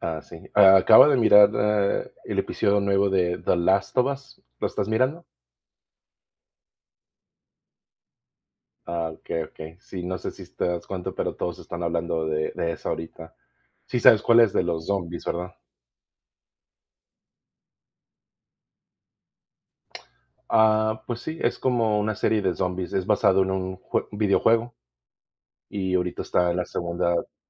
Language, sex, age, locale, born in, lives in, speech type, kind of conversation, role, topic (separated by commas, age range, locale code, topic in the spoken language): Spanish, male, 35-39, es-MX, Mexico, United States, conversation, unstructured, none, ¿Cuáles son las mejores series para maratonear durante el fin de semana?